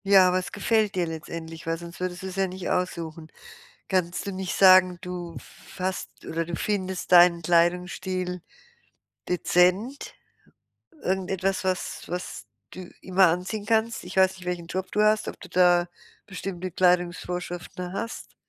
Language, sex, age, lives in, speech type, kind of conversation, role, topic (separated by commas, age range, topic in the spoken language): German, female, 60-64, Germany, conversation, advice, advisor, Wie finde ich einen Kleidungsstil, in dem ich mich wohlfühle?